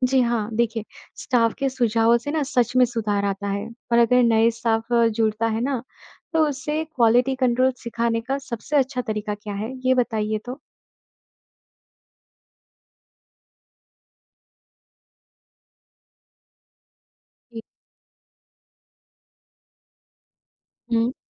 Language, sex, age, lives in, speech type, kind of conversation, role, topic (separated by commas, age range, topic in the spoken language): Hindi, male, 55-59, India, read, expert-assistant, asker, 'गुणवत्ता नियंत्रण' का क्या मतलब है, और यह किसी कंपनी के रोजमर्रा के काम में कैसे काम आता है?